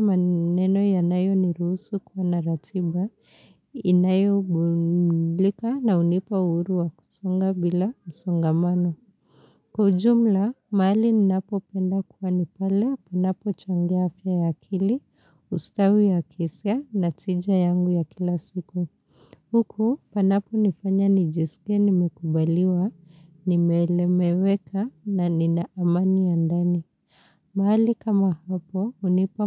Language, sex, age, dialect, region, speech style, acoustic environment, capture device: Swahili, female, 25-34, Kenya - Nairobi Sheng-influenced, Kenya, happy; relaxed; focused, people talking; music; silence; appliances, mobile / Linux / Chrome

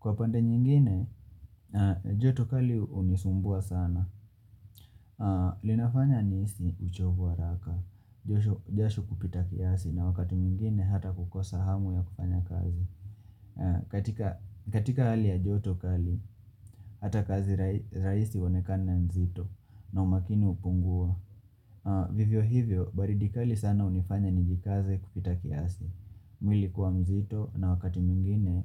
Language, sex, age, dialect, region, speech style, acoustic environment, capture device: Swahili, male, 25-34, Kenya - Mombasa, Kenya, relaxed, silence, mobile / Linux / Chrome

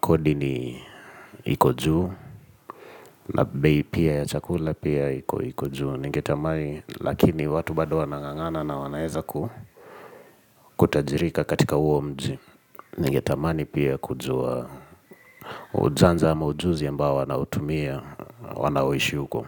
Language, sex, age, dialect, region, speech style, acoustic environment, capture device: Swahili, male, 25-34, Kenya - Nairobi Sheng-influenced, Kenya, relaxed, people talking, mobile / Linux / Edge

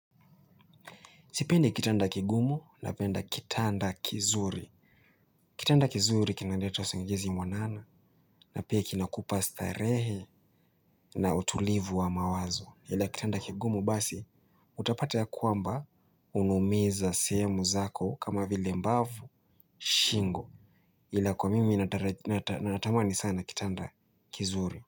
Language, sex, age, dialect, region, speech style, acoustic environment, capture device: Swahili, male, 25-34, Kenya - Mombasa, Kenya, excited; focused; happy, nature, mobile / Linux / Chrome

